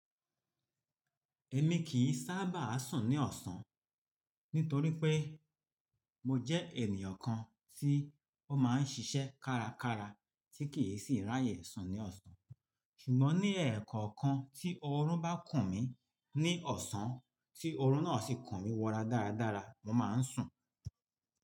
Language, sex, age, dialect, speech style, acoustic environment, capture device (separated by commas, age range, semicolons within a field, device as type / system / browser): Yoruba, male, 45-54, Nigeria - Lagos Yoruba, relaxed, nature; traffic; appliances; people talking, mobile / Linux / Chrome